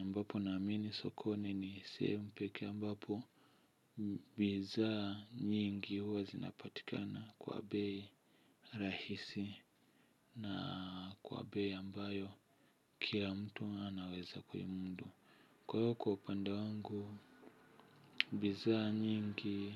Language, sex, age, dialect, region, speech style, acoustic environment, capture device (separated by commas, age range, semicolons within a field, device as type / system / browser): Swahili, male, 25-34, Tanzania - Dar es Salaam, Tanzania, happy, nature; silence, mobile / Linux / Chrome